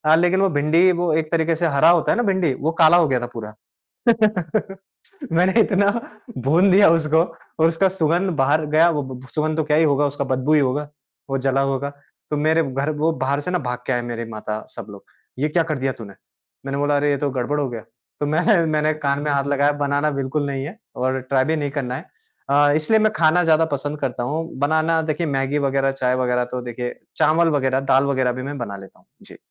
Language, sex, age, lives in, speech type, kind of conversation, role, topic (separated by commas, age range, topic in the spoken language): Hindi, male, 30-34, India, conversation, podcast, guest, आप मौसमी फल और सब्ज़ियाँ खाना क्यों ज़रूरी मानते हैं?